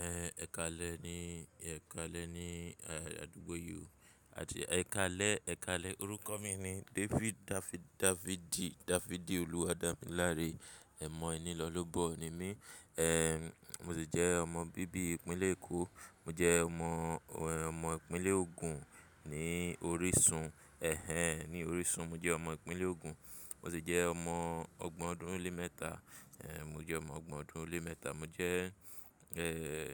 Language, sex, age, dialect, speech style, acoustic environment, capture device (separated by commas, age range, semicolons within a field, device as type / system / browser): Yoruba, male, 25-34, Nigeria - Lagos Yoruba, focused, traffic, mobile / Linux / Chrome